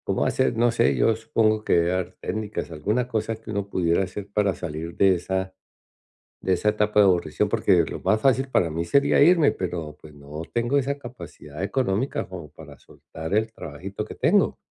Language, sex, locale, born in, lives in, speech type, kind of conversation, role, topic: Spanish, male, es-CO, Colombia, United States, conversation, advice, user, ¿Cómo puedo convertir el aburrimiento en una herramienta para mejorar mi concentración diaria?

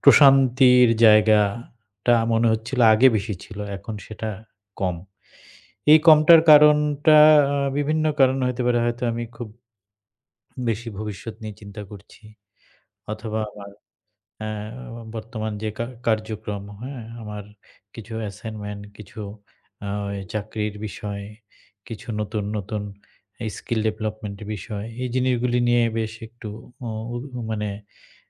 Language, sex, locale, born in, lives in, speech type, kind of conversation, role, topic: Bengali, male, bn-BD, Bangladesh, Bangladesh, conversation, advice, user, আমি প্রতিদিনের ছোট ছোট উদ্বেগগুলোকে কীভাবে স্বাভাবিকভাবে গ্রহণ করে মোকাবিলা করতে পারি?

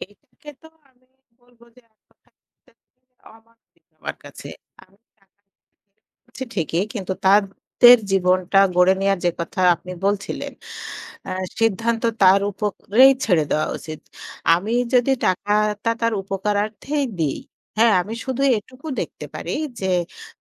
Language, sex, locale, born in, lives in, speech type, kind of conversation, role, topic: Bengali, female, bn-BD, Bangladesh, Bangladesh, conversation, unstructured, none, টাকা নিয়ে পরিবারের মধ্যে মতবিরোধ বেশি হওয়ার কারণ কী?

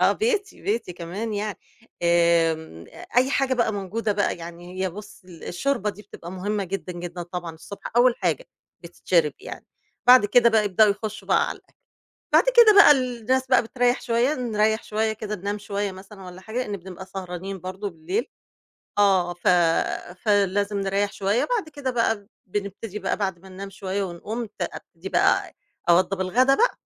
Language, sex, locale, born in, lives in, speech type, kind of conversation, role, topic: Arabic, female, ar-EG, Egypt, Egypt, conversation, podcast, guest, احكيلي عن تقليد عائلي إنت مش قادر تستغنى عنه؟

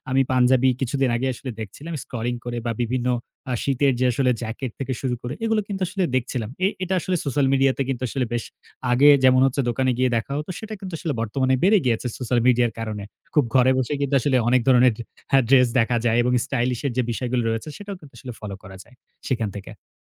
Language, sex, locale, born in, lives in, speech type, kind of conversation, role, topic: Bengali, male, bn-BD, Bangladesh, Bangladesh, conversation, podcast, guest, আরাম নাকি স্টাইল—আপনি কোনটিকে বেশি গুরুত্ব দেন?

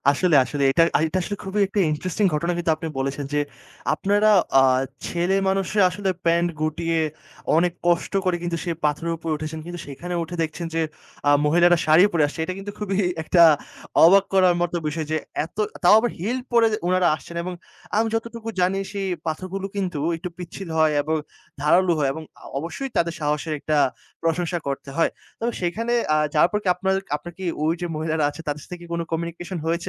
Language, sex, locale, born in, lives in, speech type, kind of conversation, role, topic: Bengali, male, bn-BD, Bangladesh, Bangladesh, conversation, podcast, host, কোন যৌথ অভিজ্ঞতা আপনাকে মানুষের আরও কাছাকাছি এনেছিল?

